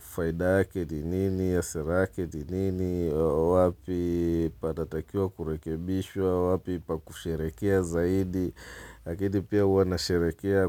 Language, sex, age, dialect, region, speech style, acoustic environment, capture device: Swahili, male, 35-44, Tanzania - Dar es Salaam, Tanzania, relaxed, people talking, mobile / Linux / Chrome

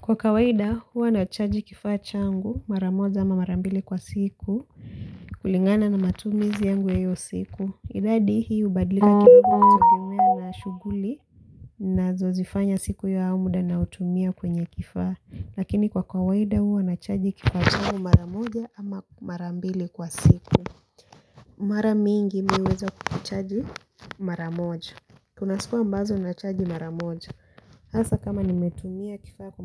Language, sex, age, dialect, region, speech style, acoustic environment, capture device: Swahili, female, 18-24, Kenya - Mombasa, Kenya, relaxed, silence, mobile / Linux / Chrome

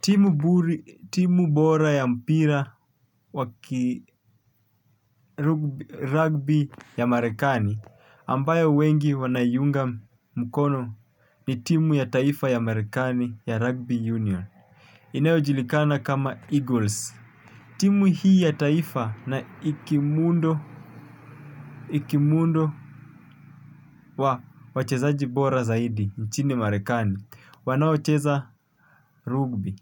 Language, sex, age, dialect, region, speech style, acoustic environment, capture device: Swahili, male, 25-34, Kenya - Mombasa, Kenya, relaxed; focused, nature, mobile / Linux / Chrome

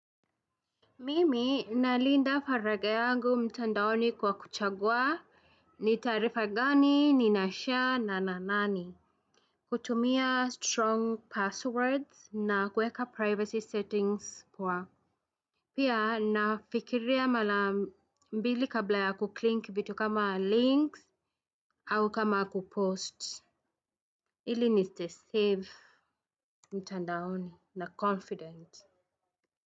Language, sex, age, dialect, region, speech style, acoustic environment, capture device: Swahili, female, 35-44, Kenya - Nairobi Sheng-influenced, Kenya, happy; anxious; nervous; excited, people talking; traffic; music, desktop / Windows / Chrome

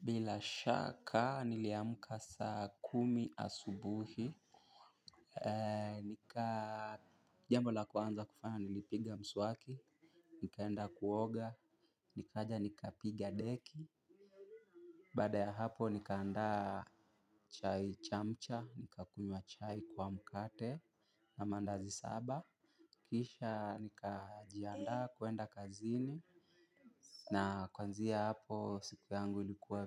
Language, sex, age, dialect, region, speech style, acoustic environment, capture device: Swahili, male, 18-24, Kenya - Mombasa, Kenya, happy, silence, mobile / Linux / Chrome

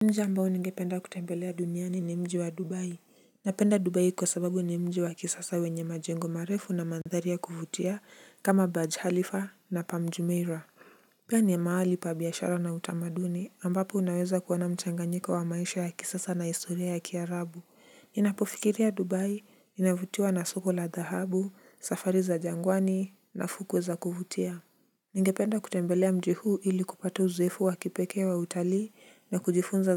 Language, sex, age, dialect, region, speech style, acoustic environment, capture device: Swahili, male, 18-24, Kenya - Nairobi Sheng-influenced, Kenya, relaxed, silence; nature, mobile / Linux / Chrome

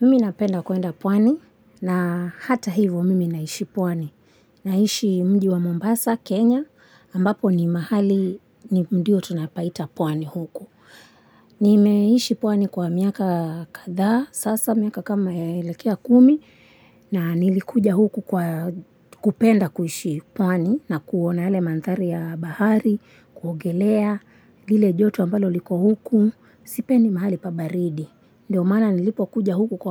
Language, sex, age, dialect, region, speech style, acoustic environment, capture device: Swahili, female, 25-34, Kenya - Mombasa, Kenya, relaxed, nature, mobile / Linux / Chrome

